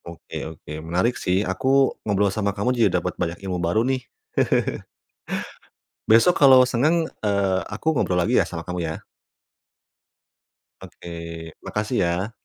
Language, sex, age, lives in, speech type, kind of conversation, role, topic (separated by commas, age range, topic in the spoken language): Indonesian, male, 30-34, Indonesia, conversation, podcast, host, Bagaimana media sosial mengubah cara kita membagikan cerita sehari-hari?